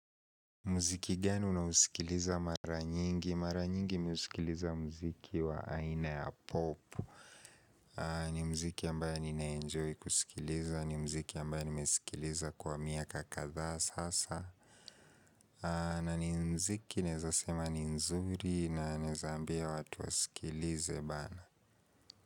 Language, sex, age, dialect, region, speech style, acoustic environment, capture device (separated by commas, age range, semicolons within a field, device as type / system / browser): Swahili, male, 18-24, Kenya - Nairobi Sheng-influenced, Kenya, focused, people talking; appliances, mobile / Linux / Chrome